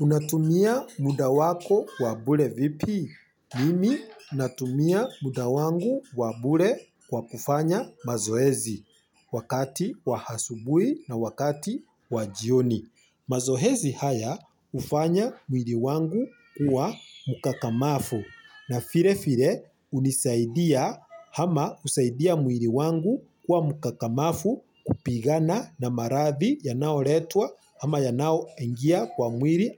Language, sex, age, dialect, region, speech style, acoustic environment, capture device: Swahili, male, 25-34, Kenya - Nairobi Sheng-influenced, Kenya, focused, silence, mobile / Linux / Chrome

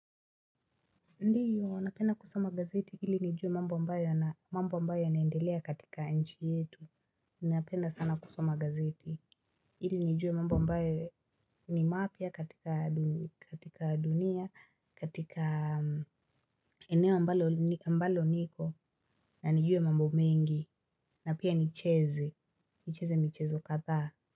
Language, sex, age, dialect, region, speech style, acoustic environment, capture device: Swahili, female, 25-34, Kenya - Nairobi Sheng-influenced, Kenya, relaxed, silence, mobile / Linux / Chrome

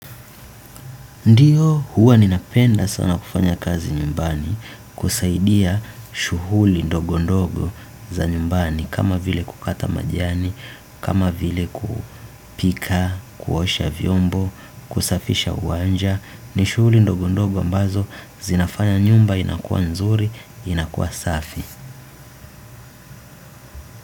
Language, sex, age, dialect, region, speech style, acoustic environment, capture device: Swahili, male, 25-34, Tanzania - Dar es Salaam, Tanzania, relaxed, silence; nature, desktop / Windows / Chrome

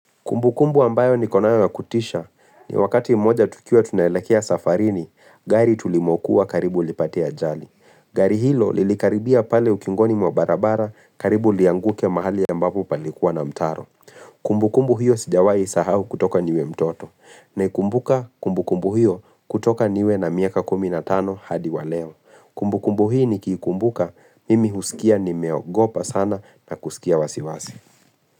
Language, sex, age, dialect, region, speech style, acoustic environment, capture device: Swahili, male, 25-34, Kenya - Nairobi Sheng-influenced, Kenya, relaxed, silence, mobile / Linux / Chrome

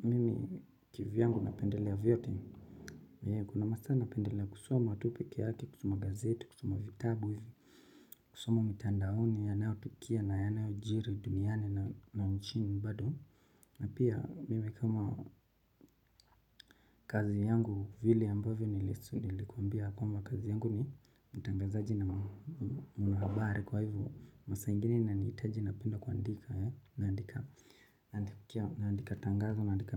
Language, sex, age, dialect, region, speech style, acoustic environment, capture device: Swahili, male, 25-34, Kenya - Mombasa, Kenya, happy, silence, mobile / Linux / Chrome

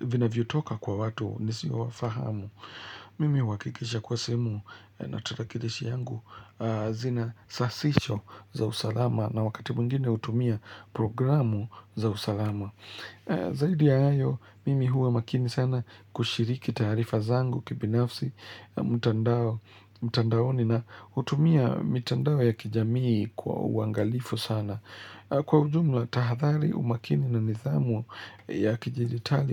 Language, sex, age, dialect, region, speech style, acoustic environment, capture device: Swahili, male, 25-34, Kenya - Nairobi Sheng-influenced, Kenya, relaxed, silence, mobile / Linux / Chrome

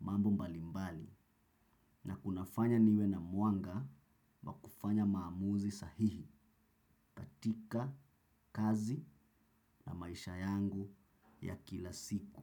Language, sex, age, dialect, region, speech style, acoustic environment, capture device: Swahili, male, 25-34, Kenya - Mombasa, Kenya, relaxed; focused, silence; nature; people talking, desktop / Windows / Chrome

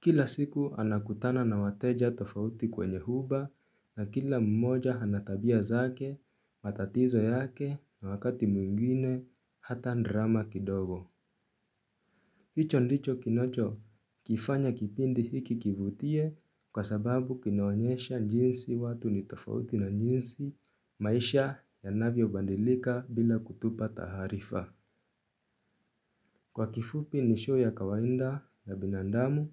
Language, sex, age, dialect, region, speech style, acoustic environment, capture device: Swahili, male, 18-24, Kenya - Nairobi Sheng-influenced, Kenya, nervous, silence, mobile / Linux / Chrome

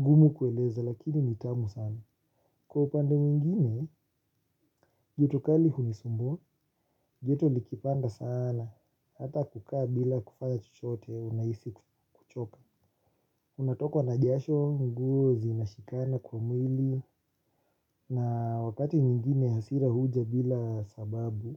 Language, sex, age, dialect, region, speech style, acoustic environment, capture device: Swahili, male, 25-34, Kenya - Nairobi Sheng-influenced, Kenya, relaxed, silence; nature, mobile / Linux / Chrome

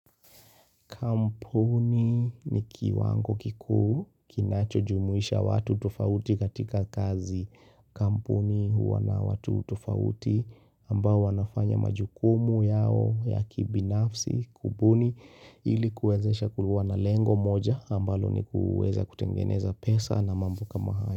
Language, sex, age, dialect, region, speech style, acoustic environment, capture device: Swahili, male, 25-34, Kenya - Nairobi Sheng-influenced, Kenya, focused; excited; nervous; relaxed, silence, mobile / Linux / Chrome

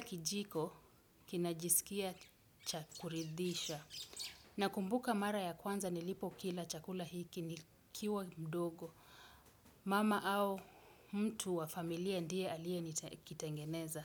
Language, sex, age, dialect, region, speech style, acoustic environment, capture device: Swahili, female, 35-44, Kenya - Mombasa, Kenya, happy, nature, desktop / Windows / Chrome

